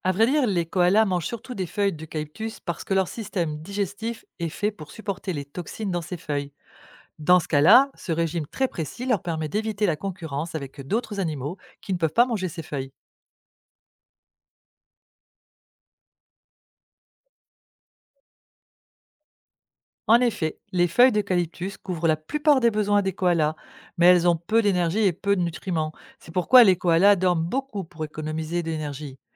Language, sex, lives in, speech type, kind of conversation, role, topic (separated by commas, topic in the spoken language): French, female, France, read, expert-assistant, expert, Pourquoi les koalas ne mangent-ils que des feuilles d’eucalyptus ?